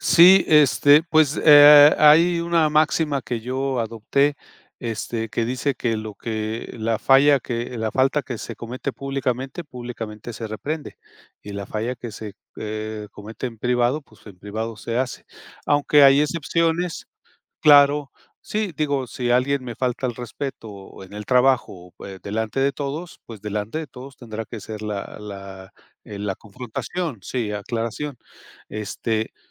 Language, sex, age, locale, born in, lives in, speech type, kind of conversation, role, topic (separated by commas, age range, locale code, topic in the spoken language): Spanish, male, 55-59, es-MX, Mexico, Mexico, conversation, podcast, guest, ¿Cómo manejas los malentendidos cuando hablas con alguien?